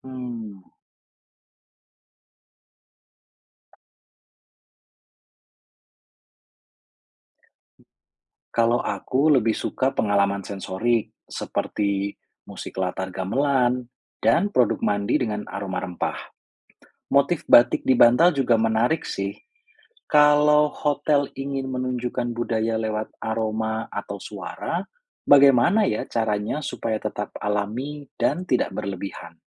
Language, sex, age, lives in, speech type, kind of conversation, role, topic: Indonesian, male, 35-39, Indonesia, read, expert-assistant, asker, Menurut kamu, seperti apa cara kreatif memadukan budaya lokal dengan layanan hotel biar wisatawan makin betah?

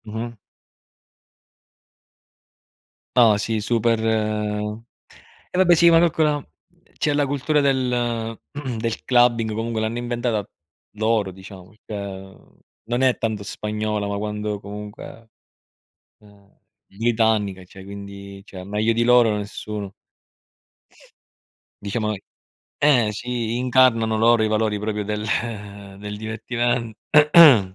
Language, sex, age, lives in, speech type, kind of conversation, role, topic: Italian, male, 30-34, Italy, conversation, unstructured, none, Come decidi se passare una serata tranquilla a casa o uscire con gli amici?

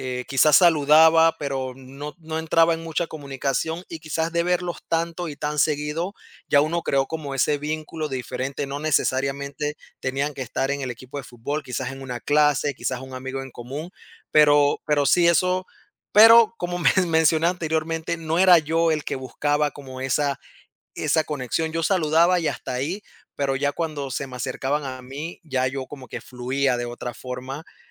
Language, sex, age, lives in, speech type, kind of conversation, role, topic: Spanish, male, 30-34, United States, conversation, podcast, guest, ¿Cómo haces amigos en lugares nuevos?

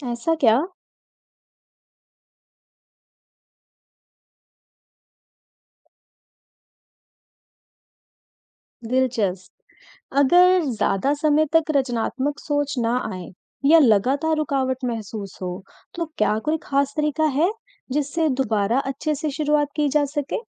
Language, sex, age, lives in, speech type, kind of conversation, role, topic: Hindi, female, 35-39, India, read, expert-assistant, asker, रचनात्मक सोच कैसे विकसित करें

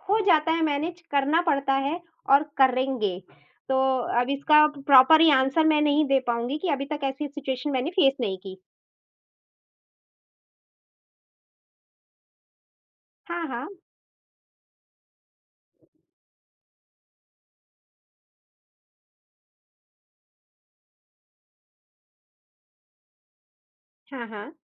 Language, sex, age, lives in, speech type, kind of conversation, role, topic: Hindi, female, 45-49, India, conversation, podcast, guest, Kaam aur ghar ke beech santulan banakar aap apne parivaar ko khush kaise rakhte hain?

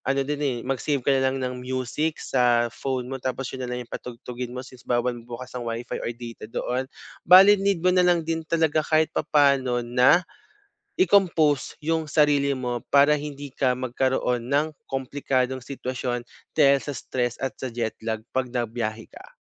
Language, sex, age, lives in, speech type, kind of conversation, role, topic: Filipino, male, 25-29, Philippines, conversation, advice, advisor, Paano ko mababawasan ang stress at jet lag habang nagbibiyahe?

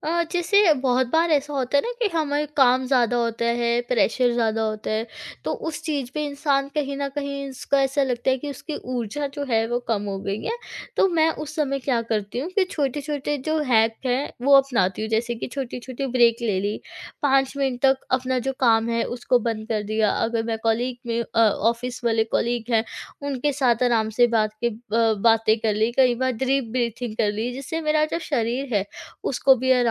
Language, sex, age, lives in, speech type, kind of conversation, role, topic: Hindi, female, 20-24, India, conversation, podcast, guest, Work-life balance maintain karne ke simple tareeke kya hain tumhare hisaab se?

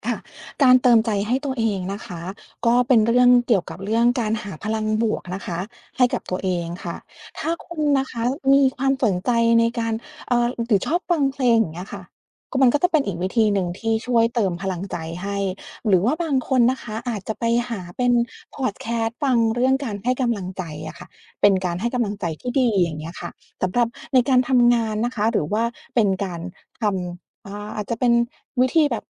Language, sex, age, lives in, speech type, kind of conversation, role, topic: Thai, female, 40-44, United States, conversation, advice, advisor, คิดวนเรื่องอดีตจนนอนไม่หลับ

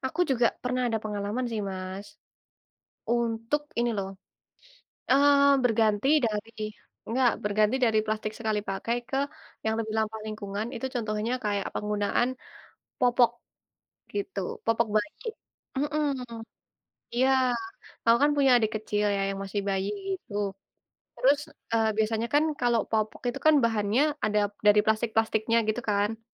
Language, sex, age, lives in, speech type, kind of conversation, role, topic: Indonesian, female, 20-24, Indonesia, conversation, unstructured, none, Bagaimana cara mengurangi penggunaan plastik sekali pakai dalam kehidupan sehari-hari?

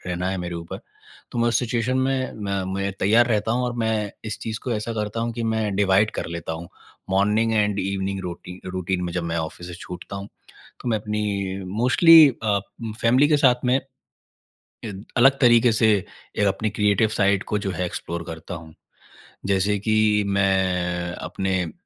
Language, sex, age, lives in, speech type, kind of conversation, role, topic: Hindi, male, 25-29, India, conversation, podcast, guest, Tum busy schedule mein creative time kaise nikaalte ho?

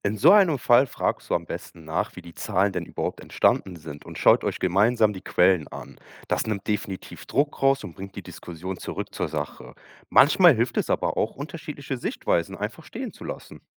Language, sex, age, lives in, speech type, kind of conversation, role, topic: German, male, 25-29, Germany, read, expert-assistant, expert, Wie erkennt man, ob Umfrageergebnisse zur politischen Einstellung wirklich vertrauenswürdig sind?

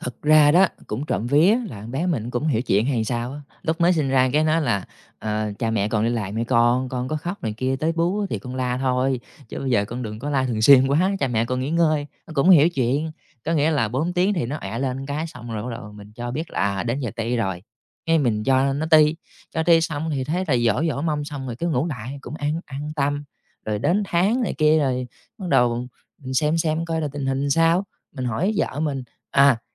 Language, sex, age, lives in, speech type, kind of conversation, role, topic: Vietnamese, male, 30-34, Vietnam, conversation, advice, user, Việc chăm sóc trẻ nhỏ hoặc người thân đang làm gián đoạn giấc ngủ của bạn như thế nào?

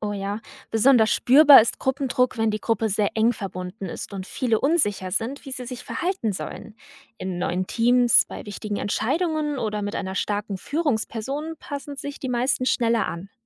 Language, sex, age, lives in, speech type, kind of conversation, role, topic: German, female, 20-24, Germany, read, expert-assistant, expert, Was meint man eigentlich, wenn von Gruppendruck gesprochen wird, und wie wirkt er sich auf unser tägliches Miteinander aus?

